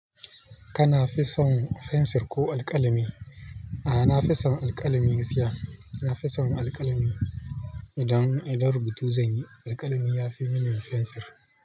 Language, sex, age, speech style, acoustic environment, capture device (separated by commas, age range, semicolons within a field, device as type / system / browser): Hausa, male, 25-34, focused, people talking; nature; traffic, mobile / Linux / Chrome